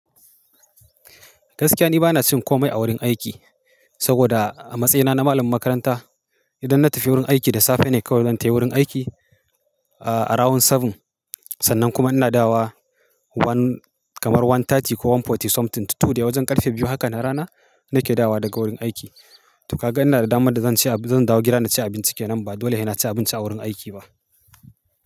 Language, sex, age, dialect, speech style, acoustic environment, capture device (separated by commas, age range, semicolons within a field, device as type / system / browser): Hausa, male, 35-44, Nigeria - Kano, happy; focused, silence, mobile / Linux / Chrome